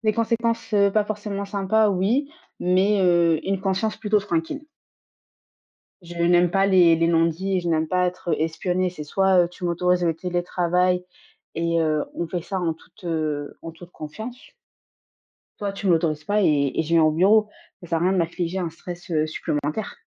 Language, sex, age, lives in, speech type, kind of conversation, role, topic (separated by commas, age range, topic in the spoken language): French, female, 25-29, France, conversation, podcast, guest, As-tu des méthodes simples pour gérer le stress au travail ?